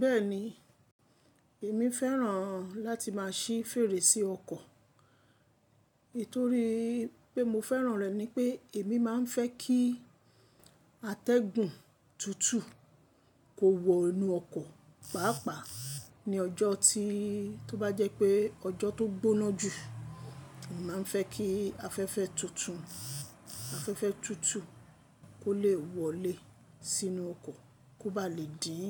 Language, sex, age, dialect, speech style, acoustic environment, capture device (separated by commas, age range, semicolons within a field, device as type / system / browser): Yoruba, female, 25-34, Nigeria - Lagos Yoruba, happy; excited, silence, mobile / Linux / Chrome